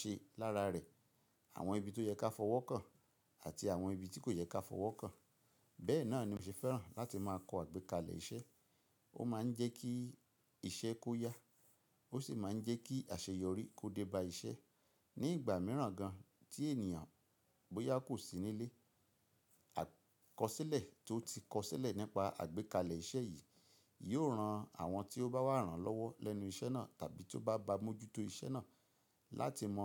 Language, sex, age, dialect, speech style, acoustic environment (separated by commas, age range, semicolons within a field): Yoruba, male, 45-54, Nigeria - Ibadan, relaxed, nature